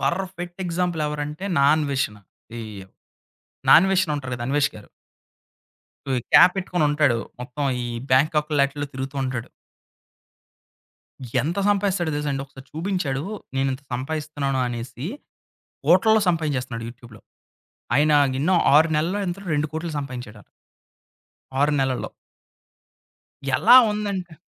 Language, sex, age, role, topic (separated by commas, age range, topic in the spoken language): Telugu, male, 30-34, guest, చిన్న వ్యవధి వీడియోలు ఎక్కువగా ప్రాచుర్యం పొందడానికి ప్రధాన కారణాలు ఏమిటి?